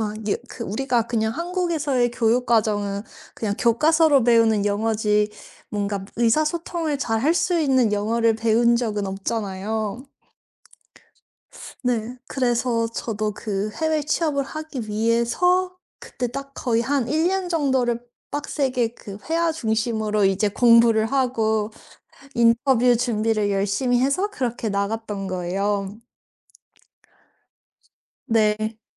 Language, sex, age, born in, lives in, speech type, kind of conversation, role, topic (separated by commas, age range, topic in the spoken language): Korean, female, 35-39, South Korea, United States, conversation, podcast, guest, 자신감이 없을 때는 어떻게 기운을 내시나요?